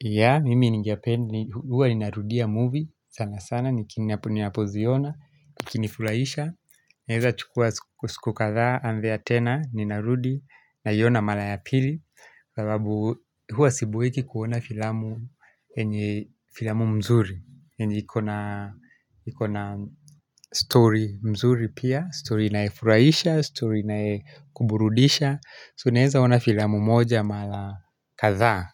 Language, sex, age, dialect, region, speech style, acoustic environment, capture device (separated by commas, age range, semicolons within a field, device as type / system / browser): Swahili, male, 25-34, Kenya - Nairobi Sheng-influenced, Kenya, relaxed, nature, mobile / Linux / Chrome